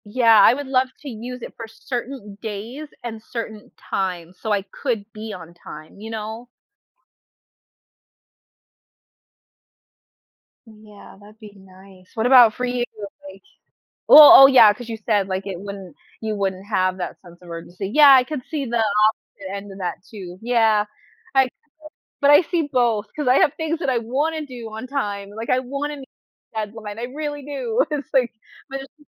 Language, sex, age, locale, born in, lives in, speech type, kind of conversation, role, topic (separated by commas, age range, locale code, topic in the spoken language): English, female, 30-34, en-US, United States, United States, conversation, unstructured, none, How might having extra time to yourself change your daily life and priorities?